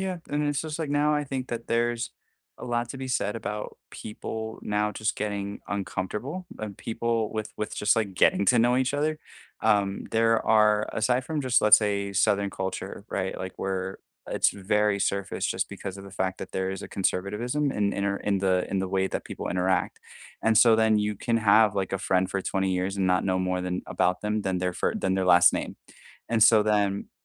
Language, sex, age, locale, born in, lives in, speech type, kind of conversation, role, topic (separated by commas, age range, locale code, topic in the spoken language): English, male, 30-34, en-US, United States, United States, conversation, unstructured, none, How do you build and maintain meaningful friendships as an adult so you feel more connected?